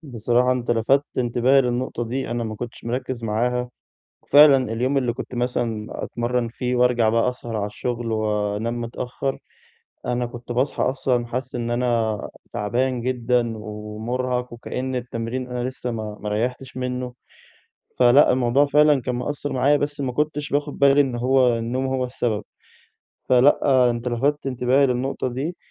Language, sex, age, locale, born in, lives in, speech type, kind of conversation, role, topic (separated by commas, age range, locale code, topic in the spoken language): Arabic, male, 20-24, ar-EG, Egypt, Egypt, conversation, advice, user, إزاي أفضل ملتزم بالتمرين لما التقدم يبقى بطيّء؟